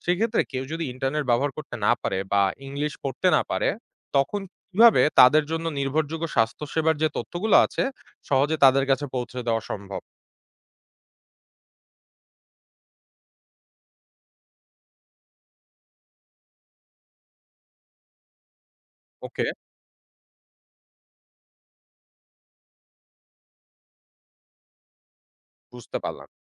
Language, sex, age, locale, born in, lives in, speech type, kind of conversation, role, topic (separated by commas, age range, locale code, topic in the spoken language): Bengali, male, 25-29, bn-BD, Bangladesh, Bangladesh, read, expert-assistant, asker, রোগী দেখাশুনা সংক্রান্ত সঠিক ও নির্ভরযোগ্য তথ্য কোথা থেকে পাওয়া যায়? কোন উৎসগুলো সবচেয়ে বিশ্বাসযোগ্য বলে ধরা হয়, এবং কেন?